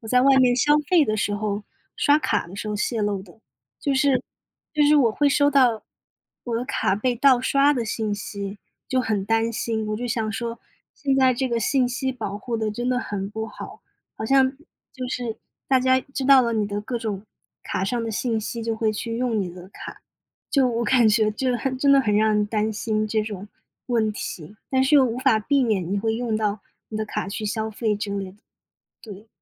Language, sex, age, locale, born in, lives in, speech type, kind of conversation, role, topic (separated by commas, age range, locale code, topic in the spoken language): Chinese, female, 25-29, zh-CN, China, United States, conversation, unstructured, none, 你会担心社交媒体泄露你的个人信息吗？